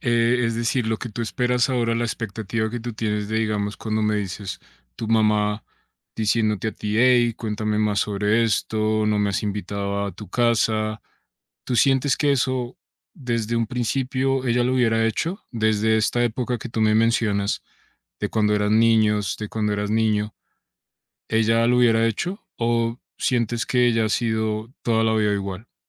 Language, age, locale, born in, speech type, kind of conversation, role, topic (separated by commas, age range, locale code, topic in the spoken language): Spanish, 30-34, es-CO, Colombia, conversation, advice, advisor, ¿Cómo puedo dejar el pasado atrás después de una ruptura y seguir adelante?